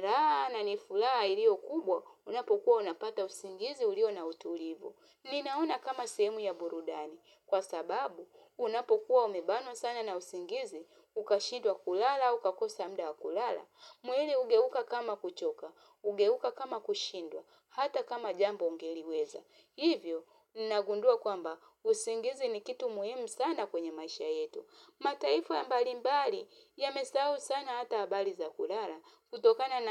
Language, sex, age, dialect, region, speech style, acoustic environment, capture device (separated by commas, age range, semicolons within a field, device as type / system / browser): Swahili, female, 35-44, Tanzania - Dar es Salaam, Tanzania, happy; relaxed, nature, mobile / Linux / Chrome